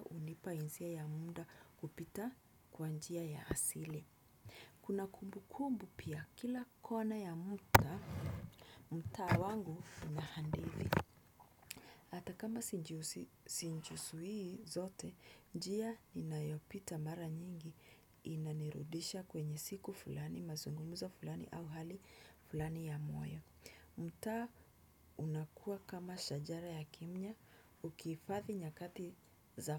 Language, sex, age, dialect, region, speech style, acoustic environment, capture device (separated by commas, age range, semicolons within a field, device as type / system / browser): Swahili, female, 25-34, Kenya - Nairobi Sheng-influenced, Kenya, relaxed; focused, music; appliances, desktop / Windows / Chrome